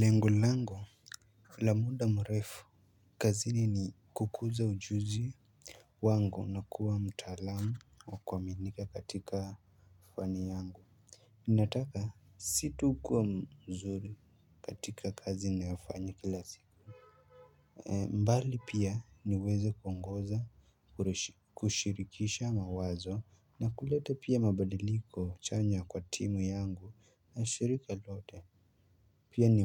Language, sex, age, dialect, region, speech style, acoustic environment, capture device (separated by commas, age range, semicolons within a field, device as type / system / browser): Swahili, male, 18-24, Kenya - Nairobi Sheng-influenced, Kenya, happy; excited, silence, mobile / Linux / Chrome